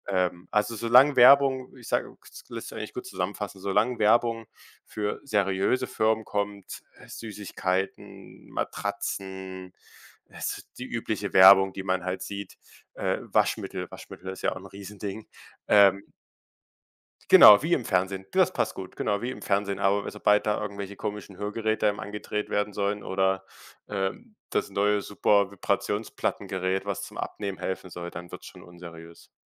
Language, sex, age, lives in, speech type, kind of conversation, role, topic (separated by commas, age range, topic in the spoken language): German, male, 18-19, Germany, conversation, podcast, guest, Wie wählst du online Nachrichtenquellen aus?